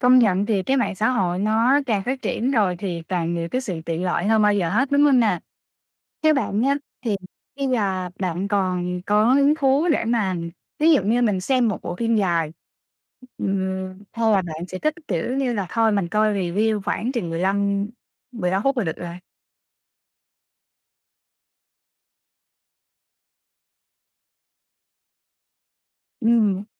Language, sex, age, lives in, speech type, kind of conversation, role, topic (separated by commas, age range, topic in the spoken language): Vietnamese, female, 25-29, Vietnam, conversation, podcast, host, Bạn nghĩ mạng xã hội đã thay đổi cách chúng ta thưởng thức giải trí như thế nào?